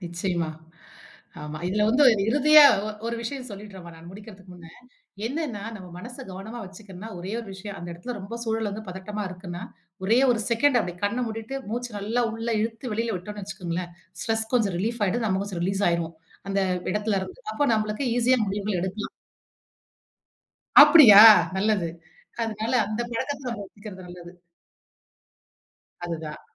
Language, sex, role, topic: Tamil, female, guest, தினமும் கவனமாக இருக்க மனப் பழக்கத்தை நீங்கள் எப்படி உருவாக்கினீர்கள்?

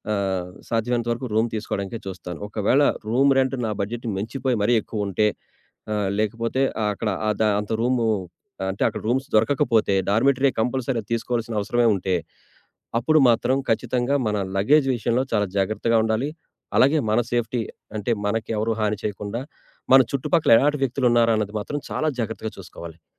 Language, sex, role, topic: Telugu, male, guest, ఒంటరిగా ప్రయాణం చేస్తున్నప్పుడు వచ్చే ఒంటరితనాన్ని మీరు ఎలా ఎదుర్కొంటారు?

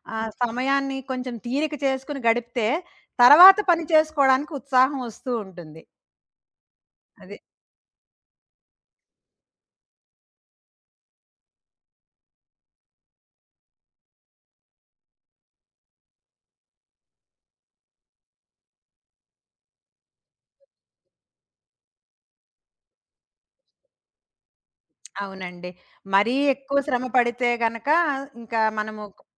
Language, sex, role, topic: Telugu, female, guest, ఒకసారి అలసటతో పూర్తిగా కుంగిపోయిన తర్వాత మీరు మళ్లీ మీ సాధారణ దినచర్యలోకి ఎలా వచ్చారు?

